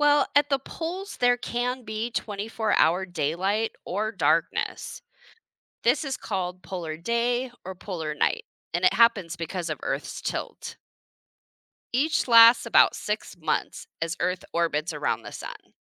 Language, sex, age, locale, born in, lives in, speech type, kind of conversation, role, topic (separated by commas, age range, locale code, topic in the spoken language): English, female, 40-44, en-US, United States, United States, read, expert-assistant, expert, What causes day and night on Earth?